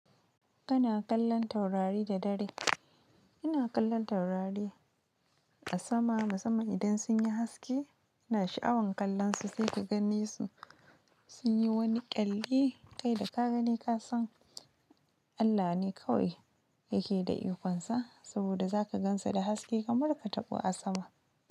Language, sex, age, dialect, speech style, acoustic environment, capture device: Hausa, female, 25-34, Nigeria - Nigerian Standard, happy; excited, nature, mobile / Linux / Chrome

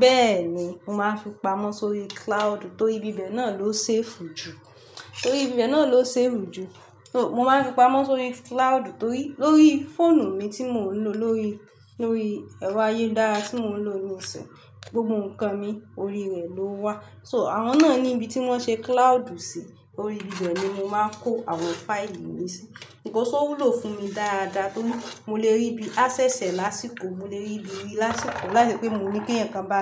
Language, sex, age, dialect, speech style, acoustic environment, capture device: Yoruba, female, 25-34, Nigeria - Lagos Yoruba, relaxed, nature, mobile / Linux / Chrome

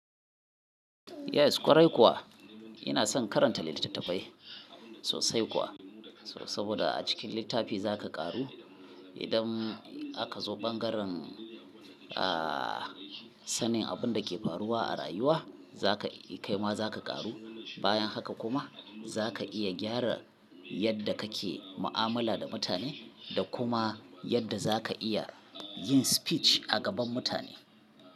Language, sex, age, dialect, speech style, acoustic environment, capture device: Hausa, male, 35-44, Nigeria - Kano, focused, people talking, mobile / Linux / Chrome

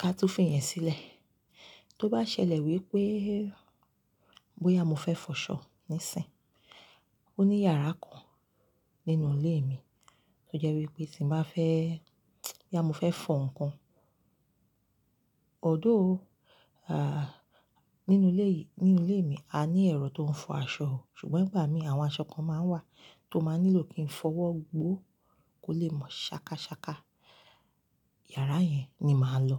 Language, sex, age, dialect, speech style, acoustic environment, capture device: Yoruba, female, 35-44, Nigeria - Lagos Yoruba, relaxed, silence, mobile / Linux / Chrome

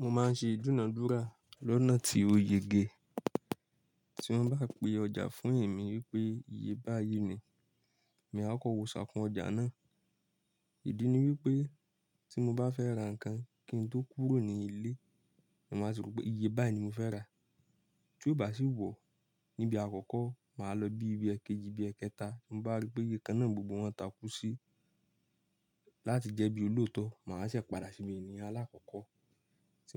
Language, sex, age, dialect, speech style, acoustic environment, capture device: Yoruba, male, 25-34, Nigeria - Nigerian Standard, relaxed; focused, appliances, mobile / Linux / Chrome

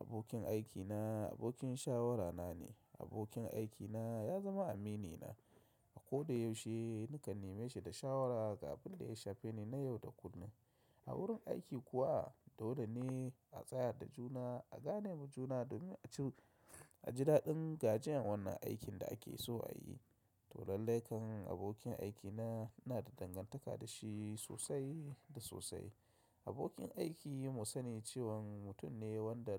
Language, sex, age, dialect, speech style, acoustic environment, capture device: Hausa, male, 35-44, Nigeria - Kano, relaxed; excited, silence, mobile / macOS / Safari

